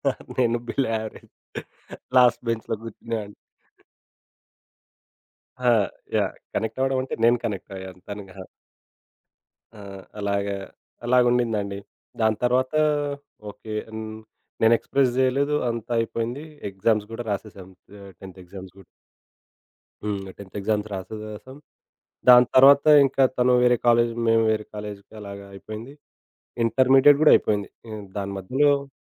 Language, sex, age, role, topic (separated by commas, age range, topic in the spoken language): Telugu, male, 25-29, guest, పాత స్నేహితునితో అనుకోకుండా మళ్లీ పరిచయం ఎలా జరిగింది అని వివరించగలవా?